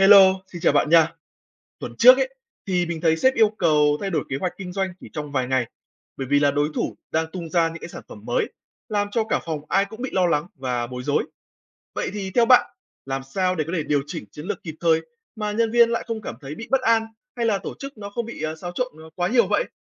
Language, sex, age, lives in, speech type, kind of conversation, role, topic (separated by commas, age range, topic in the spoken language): Vietnamese, male, 20-24, Vietnam, read, expert-assistant, asker, Làm thế nào để các phòng ban cùng thích ứng khi tổ chức thay đổi đột ngột?